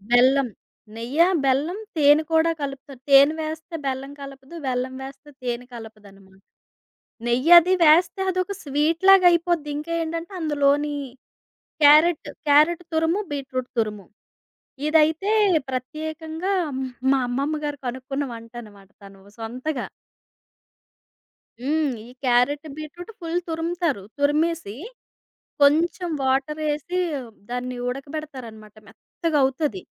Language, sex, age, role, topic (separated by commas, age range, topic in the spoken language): Telugu, female, 30-34, guest, మీ ఇంటి వంటకాల ప్రత్యేకత ఏమిటి?